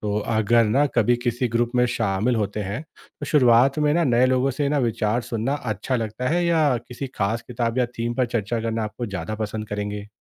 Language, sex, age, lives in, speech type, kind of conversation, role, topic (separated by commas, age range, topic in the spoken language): Hindi, male, 30-34, India, read, expert-assistant, expert, समकालीन हिंदी साहित्य को पढ़ना और समझना आसान कैसे बनाएं?